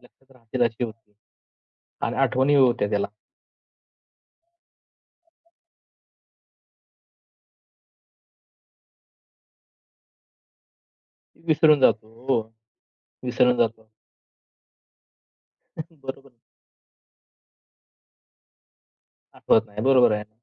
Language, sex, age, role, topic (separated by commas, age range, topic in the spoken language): Marathi, male, 25-29, host, लहानपणी तुम्ही कोणती गाणी नेहमी ऐकत असायचात?